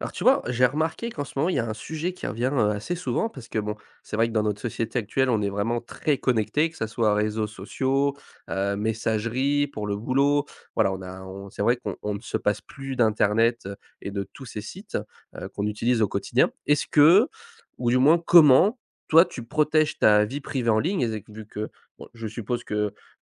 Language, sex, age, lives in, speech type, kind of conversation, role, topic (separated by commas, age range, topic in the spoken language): French, male, 20-24, France, conversation, podcast, host, Comment protèges-tu ta vie privée en ligne ?